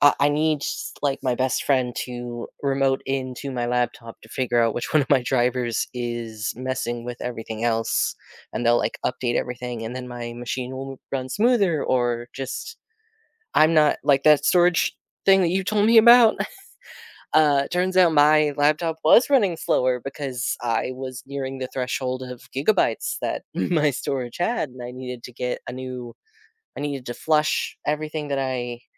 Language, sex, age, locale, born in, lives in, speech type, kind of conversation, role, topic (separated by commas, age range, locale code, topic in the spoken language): English, male, 30-34, en-US, United States, United States, conversation, unstructured, none, What’s a time you solved a problem that made you proud?